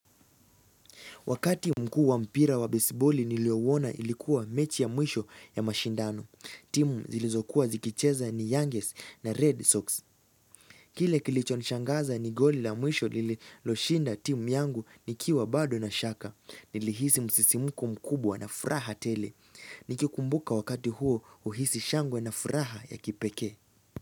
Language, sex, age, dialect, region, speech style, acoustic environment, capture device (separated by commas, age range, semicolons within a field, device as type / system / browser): Swahili, male, 18-24, Kenya - Nairobi Sheng-influenced, Kenya, excited; focused; relaxed, nature; silence, mobile / Linux / Chrome